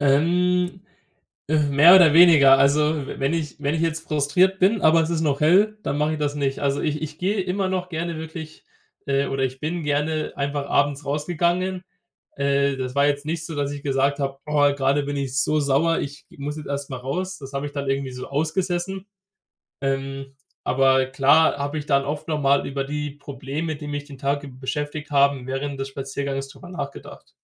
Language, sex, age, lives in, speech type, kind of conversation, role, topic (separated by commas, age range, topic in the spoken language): German, male, 20-24, Germany, conversation, podcast, guest, Was begeistert dich an Spaziergängen durch deine Nachbarschaft?